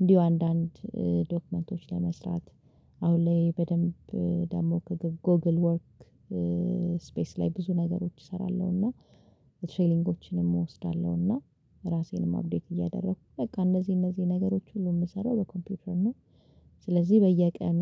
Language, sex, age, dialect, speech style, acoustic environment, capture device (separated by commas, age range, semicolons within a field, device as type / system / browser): Amharic, female, 25-34, Ethiopia - Addis Ababa, stressed, silence, mobile / Linux / Chrome